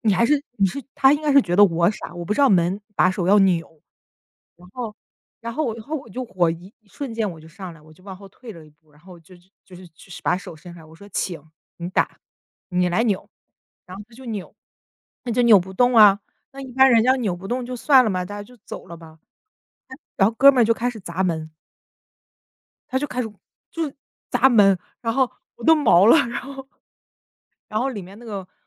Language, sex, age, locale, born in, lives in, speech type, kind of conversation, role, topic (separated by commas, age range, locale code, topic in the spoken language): Chinese, female, 30-34, zh-CN, China, United States, conversation, unstructured, none, 为什么有些人总是拖延，迟迟不开始做事？